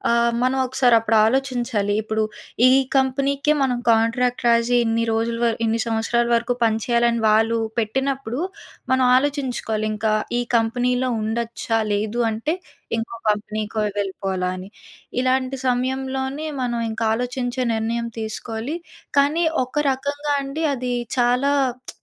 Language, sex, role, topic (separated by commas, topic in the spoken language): Telugu, female, guest, వ్యక్తిగత గోప్యత గురించి మీ అభిప్రాయం ఏమిటి?